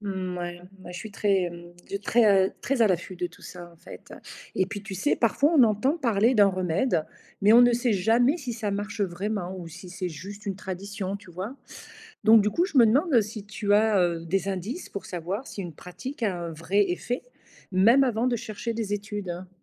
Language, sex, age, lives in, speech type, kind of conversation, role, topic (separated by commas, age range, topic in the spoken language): French, female, 55-59, Portugal, read, expert-assistant, asker, Comment développer un œil curieux sur les remèdes ou pratiques de santé que l’on croise au quotidien ?